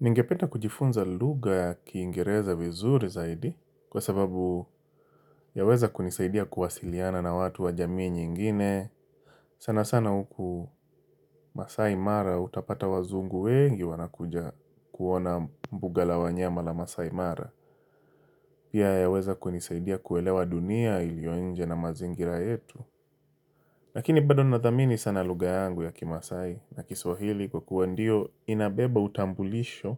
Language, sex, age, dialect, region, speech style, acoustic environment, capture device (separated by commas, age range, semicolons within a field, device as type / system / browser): Swahili, male, 25-34, Kenya - Mombasa, Kenya, happy; relaxed, silence, mobile / Linux / Chrome